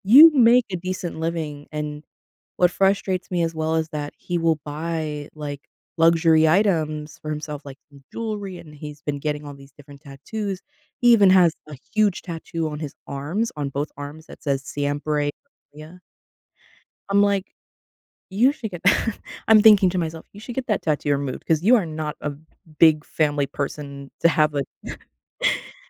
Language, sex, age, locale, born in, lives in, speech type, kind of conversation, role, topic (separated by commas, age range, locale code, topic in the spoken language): English, female, 25-29, en-US, United States, United States, conversation, advice, user, How can I reconnect with my family?